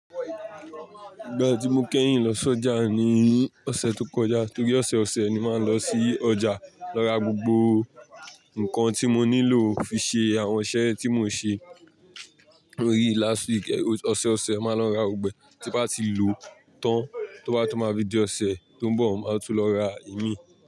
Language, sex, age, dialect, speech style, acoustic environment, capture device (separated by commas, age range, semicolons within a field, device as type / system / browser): Yoruba, male, 18-24, Nigeria - Lagos Yoruba, happy, people talking, mobile / Linux / Chrome